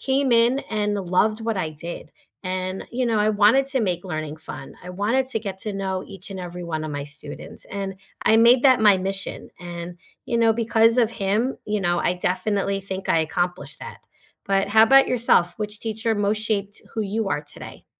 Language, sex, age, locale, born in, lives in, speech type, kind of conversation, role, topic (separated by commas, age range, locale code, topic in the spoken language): English, female, 45-49, en-US, United States, United States, conversation, unstructured, none, Which teacher has most shaped who you are today, and what moments made the difference?